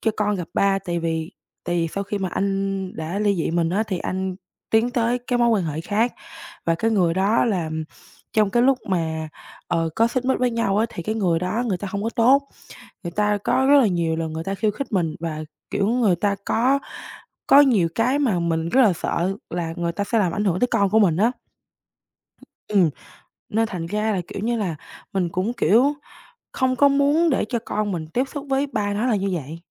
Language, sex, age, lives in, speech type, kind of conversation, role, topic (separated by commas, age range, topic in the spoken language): Vietnamese, female, 18-19, Vietnam, conversation, advice, user, Làm thế nào để giải quyết tranh chấp quyền nuôi con và quyền liên lạc sau ly hôn?